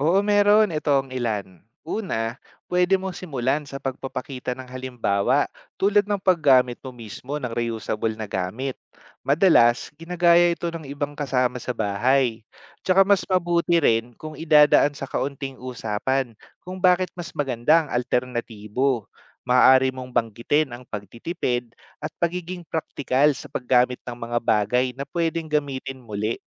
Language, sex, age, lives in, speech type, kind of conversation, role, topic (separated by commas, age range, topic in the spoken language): Filipino, male, 30-34, Philippines, read, expert-assistant, expert, Ano ang mga paraan upang mabawasan ang paggamit ng single-use plastic?